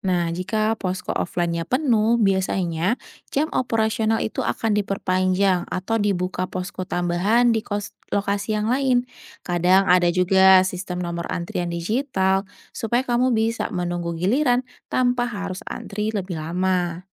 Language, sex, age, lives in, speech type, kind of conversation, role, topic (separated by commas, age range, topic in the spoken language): Indonesian, female, 30-34, Indonesia, read, expert-assistant, expert, Apa solusi jika kebijakan zonasi sekolah membuat siswa sulit mendapatkan sekolah yang diinginkan?